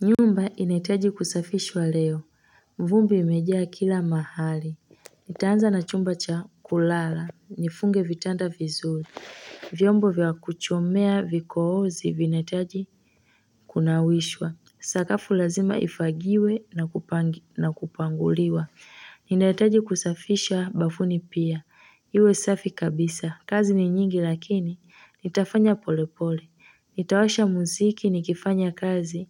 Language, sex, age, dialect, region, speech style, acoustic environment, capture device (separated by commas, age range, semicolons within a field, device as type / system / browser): Swahili, female, 25-34, Tanzania - Dar es Salaam, Tanzania, relaxed; tired, silence; nature, mobile / Linux / Chrome